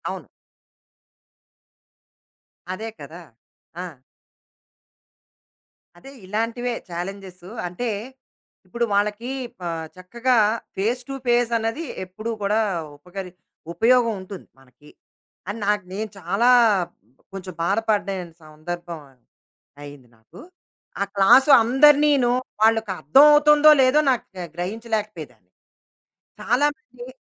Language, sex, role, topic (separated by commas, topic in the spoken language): Telugu, female, guest, రిమోట్‌గా పని చేయడం వల్ల మీ వృత్తిపరమైన గుర్తింపు ఎలా మారిందని మీరు భావిస్తున్నారు?